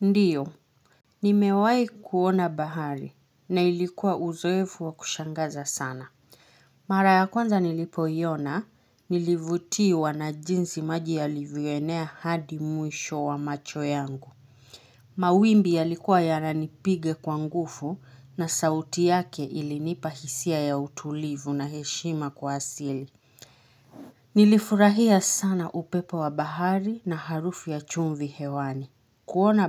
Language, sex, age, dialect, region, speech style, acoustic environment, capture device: Swahili, female, 25-34, Kenya - Nairobi Sheng-influenced, Kenya, stressed, traffic, mobile / Linux / Chrome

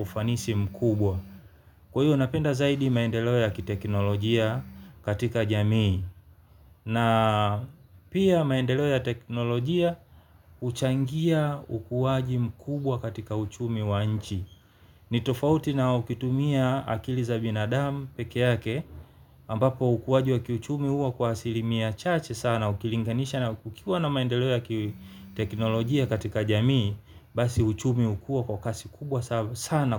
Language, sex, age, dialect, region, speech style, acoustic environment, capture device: Swahili, male, 35-44, Tanzania - Dar es Salaam, Tanzania, happy; relaxed; focused, nature; silence, mobile / Linux / Chrome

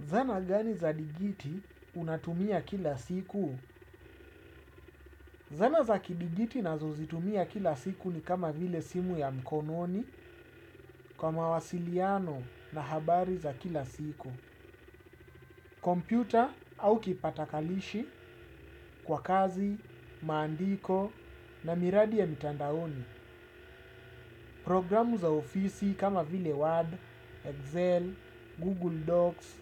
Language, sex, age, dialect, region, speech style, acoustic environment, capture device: Swahili, male, 35-44, Kenya - Nairobi Sheng-influenced, Kenya, focused, appliances; silence, desktop / Windows / Chrome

